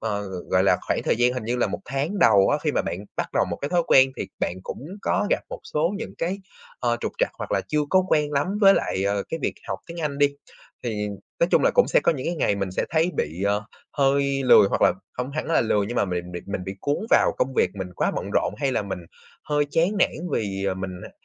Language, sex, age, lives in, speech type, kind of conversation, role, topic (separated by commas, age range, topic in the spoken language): Vietnamese, male, 20-24, Vietnam, conversation, podcast, host, Thói quen nào giúp bạn tiến bộ mỗi ngày?